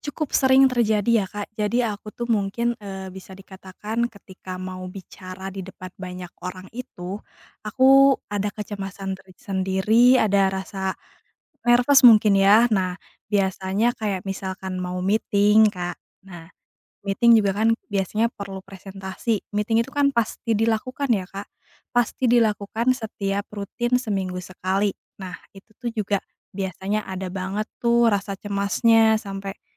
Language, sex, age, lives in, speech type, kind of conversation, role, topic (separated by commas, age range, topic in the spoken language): Indonesian, female, 30-34, Indonesia, conversation, advice, user, Mengapa saya sering terbangun berkali-kali pada malam hari karena cemas menjelang acara penting?